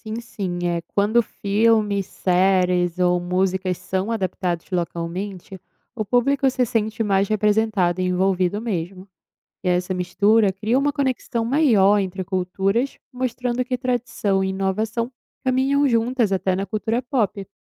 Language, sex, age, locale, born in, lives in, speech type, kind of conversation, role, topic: Portuguese, female, 20-24, pt-BR, Brazil, Italy, read, expert-assistant, expert, E se, de repente, todas as pessoas do mundo passassem a falar apenas uma língua universal? Como isso mudaria a cultura, a identidade e as relações entre os povos?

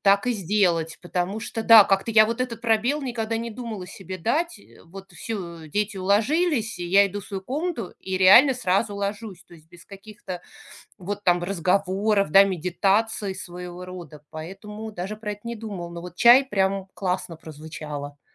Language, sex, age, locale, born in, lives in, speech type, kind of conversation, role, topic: Russian, female, 40-44, ru-RU, Russia, United States, conversation, advice, user, Как справиться с тревогой и бессонницей перед важным событием?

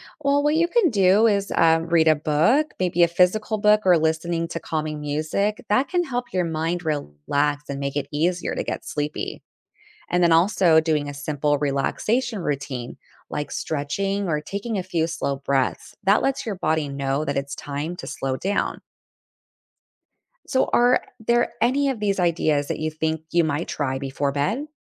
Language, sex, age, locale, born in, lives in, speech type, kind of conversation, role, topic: English, female, 35-39, en-US, United States, United States, read, expert-assistant, expert, How does sleep affect our health and well-being?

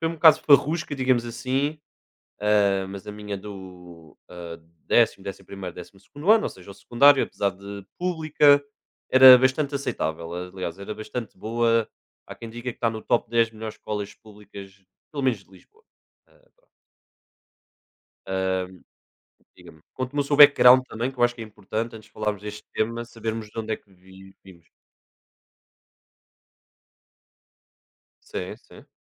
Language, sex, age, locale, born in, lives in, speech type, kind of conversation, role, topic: Portuguese, male, 20-24, pt-PT, Portugal, Portugal, conversation, unstructured, none, Que mudanças você gostaria de ver na política educacional?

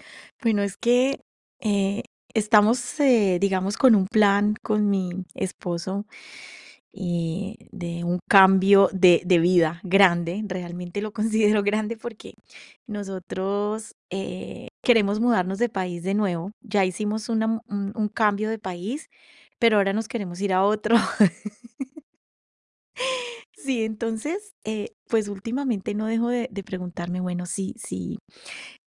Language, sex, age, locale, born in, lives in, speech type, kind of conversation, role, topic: Spanish, female, 45-49, es-CO, Colombia, Italy, conversation, advice, user, ¿Es ahora un buen momento para hacer un cambio importante en mi vida?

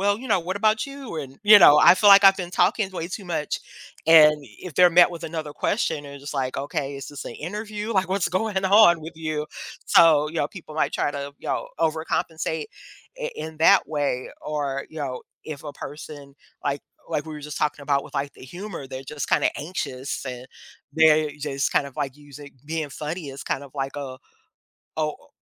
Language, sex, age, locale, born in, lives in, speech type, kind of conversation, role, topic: English, female, 50-54, en-US, United States, United States, conversation, podcast, guest, What qualities help someone become a more approachable conversationalist?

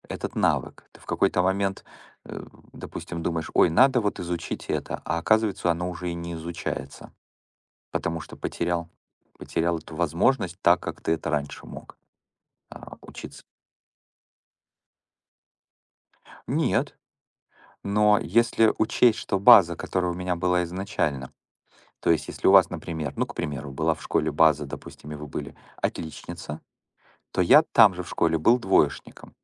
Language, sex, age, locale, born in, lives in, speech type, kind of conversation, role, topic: Russian, male, 45-49, ru-RU, Ukraine, United States, conversation, unstructured, none, Что для вас важнее в работе — деньги или удовольствие?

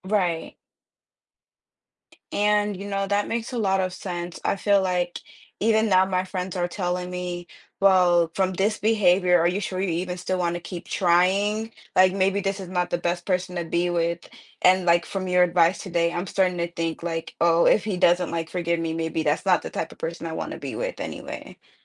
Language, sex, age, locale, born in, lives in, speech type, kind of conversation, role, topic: English, female, 20-24, en-US, United States, United States, conversation, advice, user, How can I apologize and rebuild trust after breaking a promise to someone I care about?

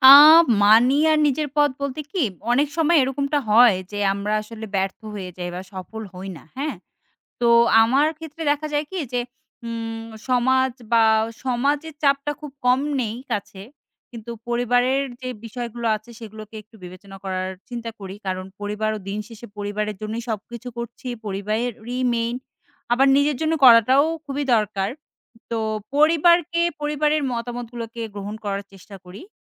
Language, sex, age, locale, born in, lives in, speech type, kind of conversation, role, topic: Bengali, female, 25-29, bn-BD, Bangladesh, Bangladesh, conversation, podcast, guest, ভালো-মন্দ ভেবে আপনি কীভাবে চূড়ান্ত সিদ্ধান্ত নেন?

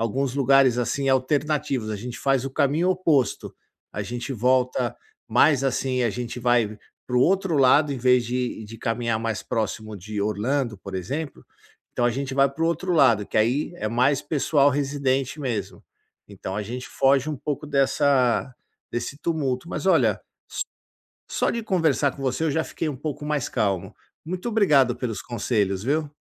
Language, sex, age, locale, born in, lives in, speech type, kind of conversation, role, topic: Portuguese, male, 50-54, pt-BR, Brazil, United States, conversation, advice, user, Como posso controlar a ansiedade ao visitar lugares desconhecidos?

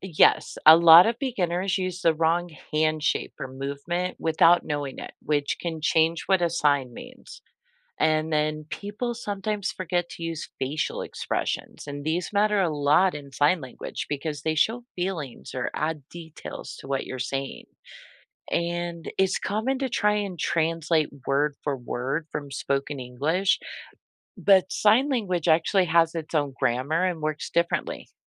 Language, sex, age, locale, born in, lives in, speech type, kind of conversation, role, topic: English, female, 45-49, en-US, United States, United States, read, expert-assistant, expert, What are the benefits of learning sign language?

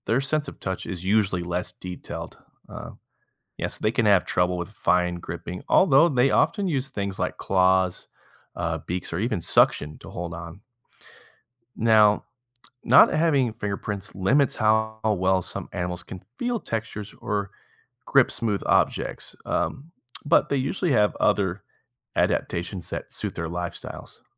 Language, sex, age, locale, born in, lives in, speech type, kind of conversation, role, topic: English, male, 30-34, en-US, United States, United States, read, expert-assistant, expert, Why do humans have fingerprints?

